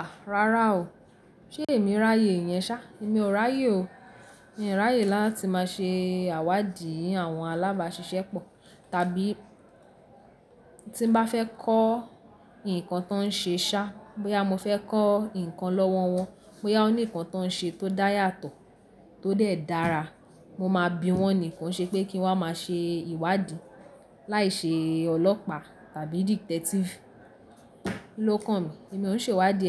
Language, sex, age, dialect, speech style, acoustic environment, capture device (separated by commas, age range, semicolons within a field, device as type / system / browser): Yoruba, female, 18-24, Nigeria - Lagos Yoruba, happy; excited; focused, people talking, mobile / Linux / Chrome